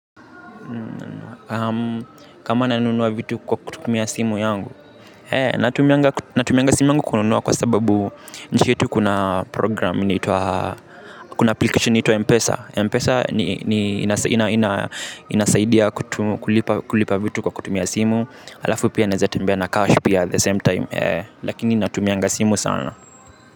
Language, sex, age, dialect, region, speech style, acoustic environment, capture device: Swahili, male, 18-24, Kenya - Nairobi Sheng-influenced, Kenya, relaxed, music, mobile / Linux / Chrome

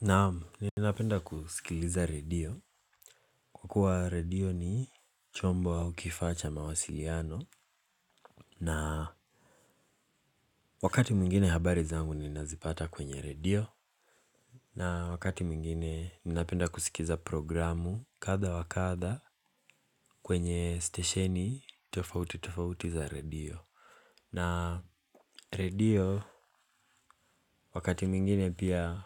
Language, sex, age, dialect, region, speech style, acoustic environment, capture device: Swahili, male, 18-24, Kenya - Mombasa, Kenya, relaxed, silence, mobile / Linux / Chrome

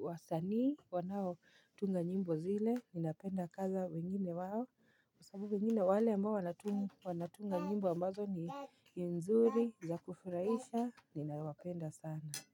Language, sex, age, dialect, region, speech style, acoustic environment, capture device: Swahili, female, 25-34, Kenya - Nairobi Sheng-influenced, Kenya, focused, silence; nature, mobile / Linux / Chrome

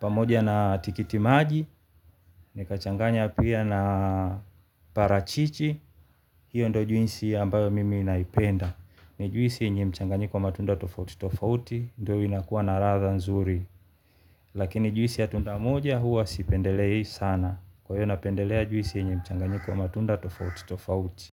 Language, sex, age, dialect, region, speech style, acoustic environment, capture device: Swahili, male, 35-44, Tanzania - Dar es Salaam, Tanzania, happy; relaxed; focused, silence, mobile / Linux / Chrome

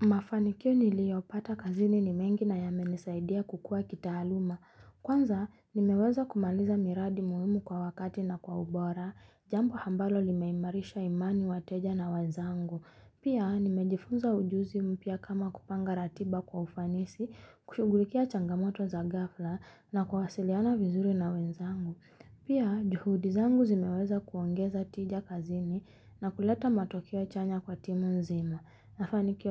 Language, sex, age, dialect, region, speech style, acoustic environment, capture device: Swahili, female, 25-34, Kenya - Nairobi Sheng-influenced, Kenya, happy, music, mobile / Linux / Chrome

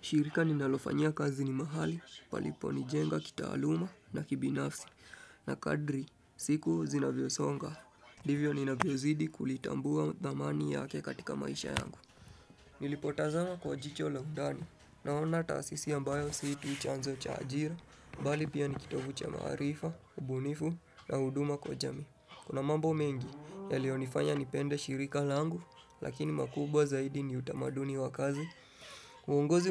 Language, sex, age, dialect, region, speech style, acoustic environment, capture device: Swahili, male, 25-34, Kenya - Nairobi Sheng-influenced, Kenya, nervous, people talking; music; appliances, desktop / Windows / Chrome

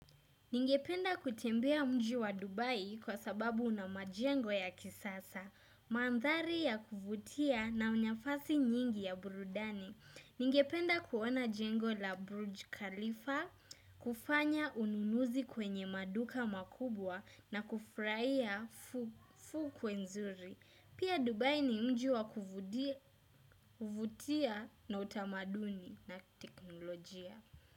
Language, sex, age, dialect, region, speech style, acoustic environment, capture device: Swahili, female, 18-24, Kenya - Mombasa, Kenya, relaxed, silence, desktop / Windows / Chrome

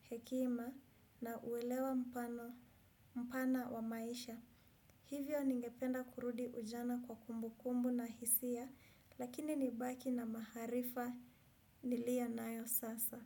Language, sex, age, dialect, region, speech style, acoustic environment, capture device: Swahili, female, 25-34, Kenya - Kiambu, Kenya, relaxed, silence, desktop / Windows / Chrome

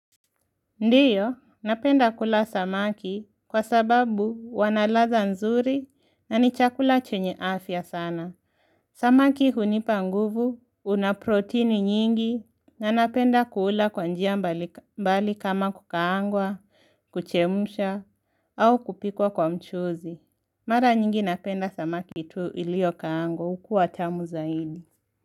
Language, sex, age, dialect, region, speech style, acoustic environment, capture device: Swahili, female, 18-24, Kenya - Nairobi Sheng-influenced, Kenya, relaxed, silence, mobile / Linux / Chrome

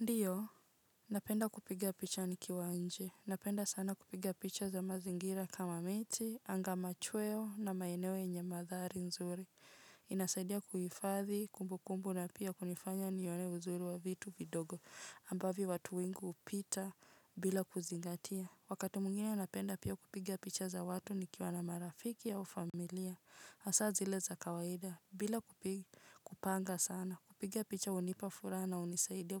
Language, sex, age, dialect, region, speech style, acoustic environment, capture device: Swahili, female, 25-34, Kenya - Nairobi Sheng-influenced, Kenya, relaxed, nature; silence, mobile / Linux / Chrome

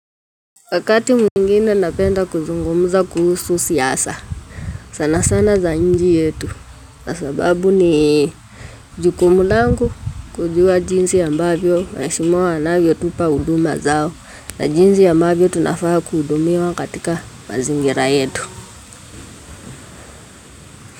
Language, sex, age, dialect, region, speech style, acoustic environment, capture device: Swahili, female, 18-24, Kenya - Nairobi Sheng-influenced, Kenya, relaxed, music, mobile / Linux / Chrome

